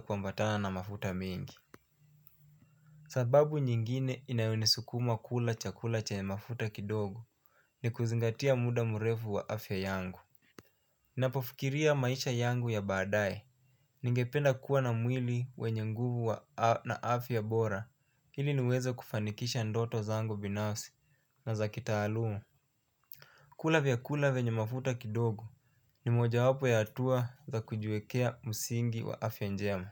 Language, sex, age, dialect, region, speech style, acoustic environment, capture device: Swahili, male, 25-34, Kenya - Mombasa, Kenya, relaxed; focused, nature, mobile / Linux / Chrome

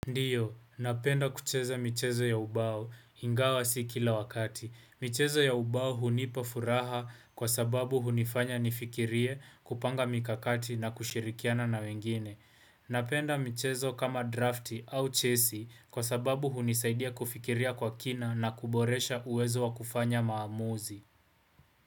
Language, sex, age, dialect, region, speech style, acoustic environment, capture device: Swahili, male, 18-24, Kenya - Nairobi Sheng-influenced, Kenya, focused, appliances, desktop / Windows / Chrome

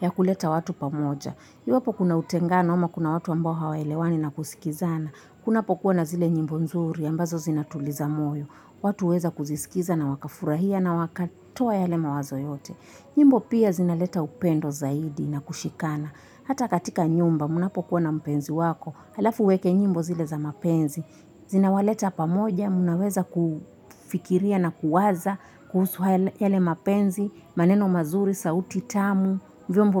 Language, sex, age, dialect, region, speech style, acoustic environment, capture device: Swahili, female, 25-34, Kenya - Mombasa, Kenya, relaxed, silence, mobile / Linux / Chrome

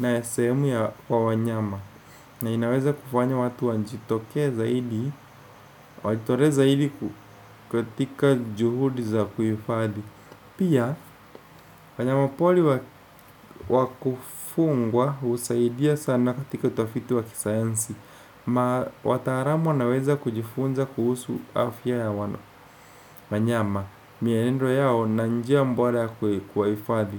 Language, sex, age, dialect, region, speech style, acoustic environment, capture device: Swahili, male, 18-24, Kenya - Nairobi Sheng-influenced, Kenya, happy, people talking; appliances, desktop / Windows / Chrome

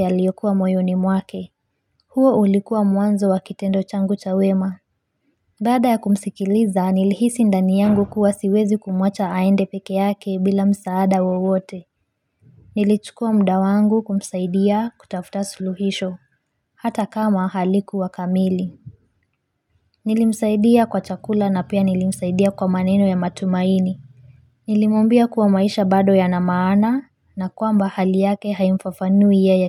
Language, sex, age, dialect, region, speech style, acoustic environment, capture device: Swahili, female, 25-34, Kenya - Mombasa, Kenya, relaxed; focused, silence, mobile / Linux / Chrome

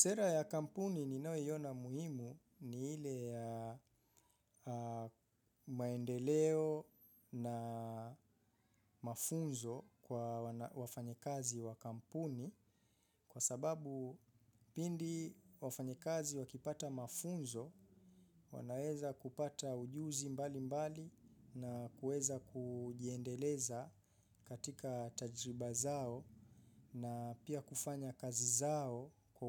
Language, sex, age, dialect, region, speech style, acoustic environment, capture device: Swahili, male, 25-34, Kenya - Mombasa, Kenya, focused, nature, mobile / Linux / Chrome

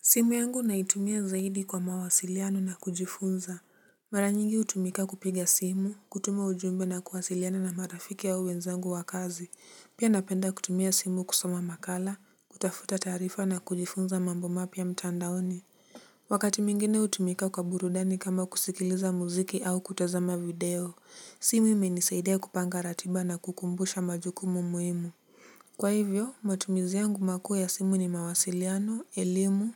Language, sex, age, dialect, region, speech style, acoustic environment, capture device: Swahili, male, 18-24, Kenya - Nairobi Sheng-influenced, Kenya, relaxed, silence, mobile / Linux / Chrome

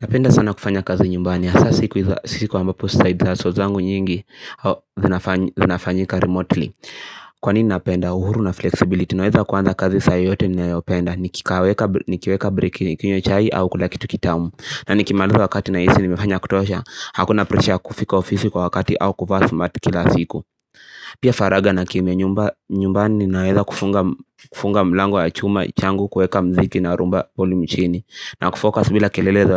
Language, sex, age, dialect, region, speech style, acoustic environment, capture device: Swahili, male, 18-24, Kenya - Nairobi Sheng-influenced, Kenya, happy, silence, mobile / Linux / Chrome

